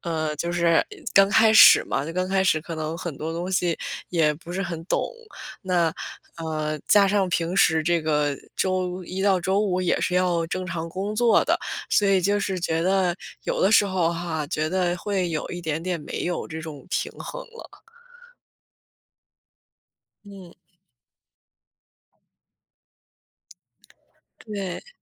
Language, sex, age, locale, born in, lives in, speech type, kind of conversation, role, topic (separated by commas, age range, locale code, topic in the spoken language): Chinese, female, 25-29, zh-CN, China, United States, conversation, podcast, guest, 你是怎么在工作和生活之间平衡压力的？